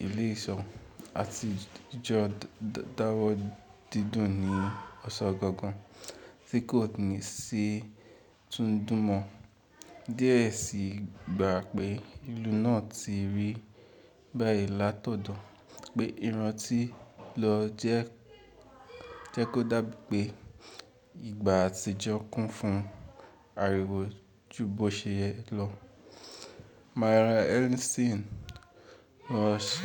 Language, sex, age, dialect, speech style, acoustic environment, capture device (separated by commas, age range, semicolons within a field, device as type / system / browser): Yoruba, male, 18-24, Nigeria - Ibadan, relaxed, people talking, mobile / Linux / Chrome